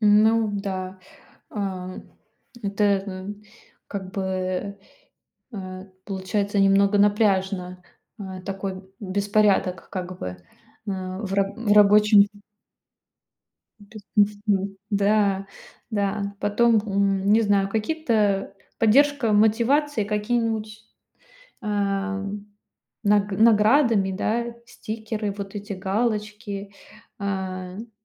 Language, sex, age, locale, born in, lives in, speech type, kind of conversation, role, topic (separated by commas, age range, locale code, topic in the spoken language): Russian, female, 30-34, ru-RU, Russia, Estonia, conversation, advice, advisor, Как отсутствие внешней ответственности мешает вам соблюдать планы и дедлайны?